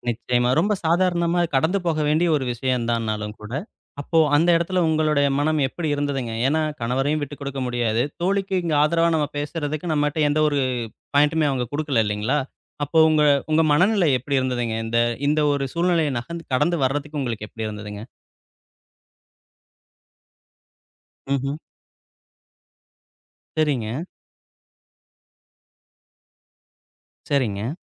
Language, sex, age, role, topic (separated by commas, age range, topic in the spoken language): Tamil, male, 35-39, host, உறவுகளில் எல்லைகளை நிர்ணயிப்பதை நீங்கள் எப்படிப் பழகிக் கொண்டீர்கள்?